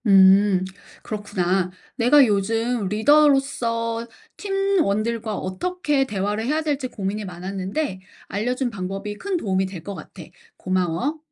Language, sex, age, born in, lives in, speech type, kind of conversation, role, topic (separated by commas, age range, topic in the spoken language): Korean, female, 40-44, South Korea, United States, read, expert-assistant, asker, 팀이 잘 따라오지 않을 때 리더가 할 수 있는 현실적인 대처 방법은 무엇인가요?